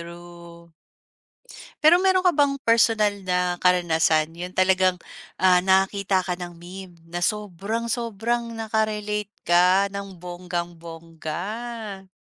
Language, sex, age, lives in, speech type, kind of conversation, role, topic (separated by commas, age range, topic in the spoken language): Filipino, female, 35-39, Philippines, conversation, podcast, host, Bakit sa tingin mo nagiging viral ang isang meme?